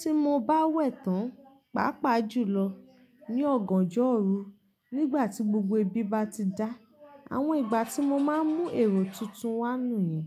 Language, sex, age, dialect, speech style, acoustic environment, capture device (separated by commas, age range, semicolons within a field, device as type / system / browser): Yoruba, female, 25-34, Nigeria - Ibadan, relaxed; focused, silence; nature; appliances, mobile / Linux / Chrome